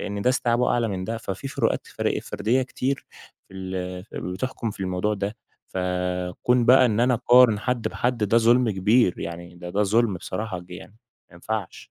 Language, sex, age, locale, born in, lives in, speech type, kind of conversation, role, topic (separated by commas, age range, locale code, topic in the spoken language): Arabic, male, 20-24, ar-EG, Egypt, Egypt, conversation, podcast, guest, إزاي نعلّم الطلبة ما يخافوش من الفشل؟